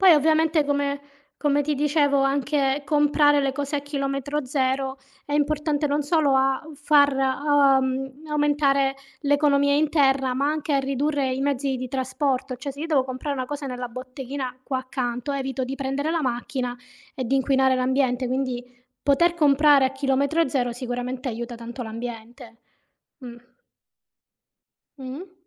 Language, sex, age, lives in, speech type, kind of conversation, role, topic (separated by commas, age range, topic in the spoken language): Italian, female, 35-39, Italy, conversation, unstructured, none, Che cosa ti fa arrabbiare nella gestione delle risorse naturali?